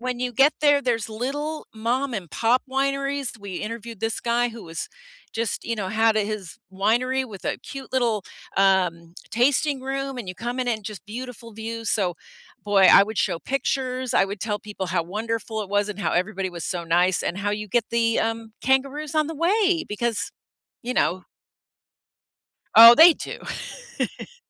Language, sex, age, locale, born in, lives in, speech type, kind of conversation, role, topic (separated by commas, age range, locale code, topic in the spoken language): English, female, 60-64, en-US, United States, United States, conversation, unstructured, none, How do you persuade someone to visit a place they’ve never heard of?